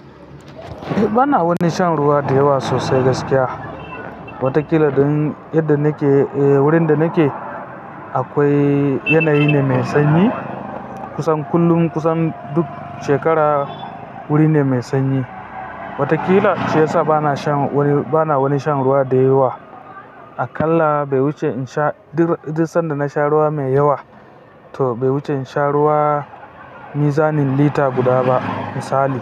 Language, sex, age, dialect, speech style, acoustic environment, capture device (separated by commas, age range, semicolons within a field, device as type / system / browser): Hausa, female, 25-34, Nigeria - Kano, happy; relaxed, people talking; traffic, mobile / Linux / Chrome